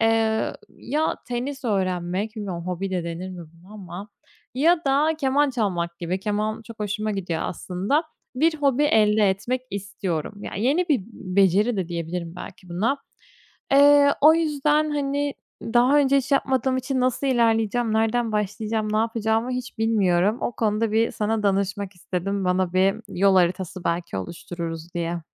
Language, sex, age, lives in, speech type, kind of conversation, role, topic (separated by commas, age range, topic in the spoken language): Turkish, female, 25-29, Spain, conversation, advice, user, Yeni hobiler edinmek istiyorum; bunu günlük yaşamıma nasıl sığdırabilirim?